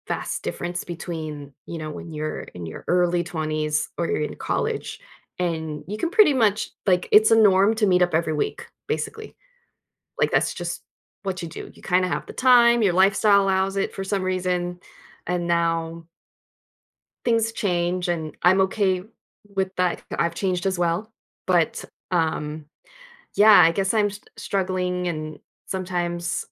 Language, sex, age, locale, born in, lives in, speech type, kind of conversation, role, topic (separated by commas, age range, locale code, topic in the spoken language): English, female, 40-44, en-US, United States, United States, conversation, advice, user, How often should I reach out to friends?